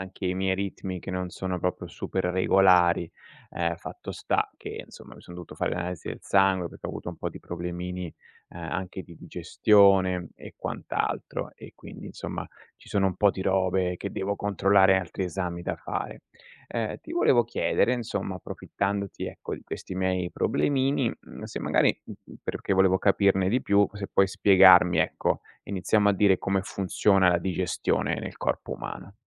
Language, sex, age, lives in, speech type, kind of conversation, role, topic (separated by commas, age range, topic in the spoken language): Italian, male, 40-44, Italy, read, expert-assistant, asker, Come funziona la digestione nel corpo umano?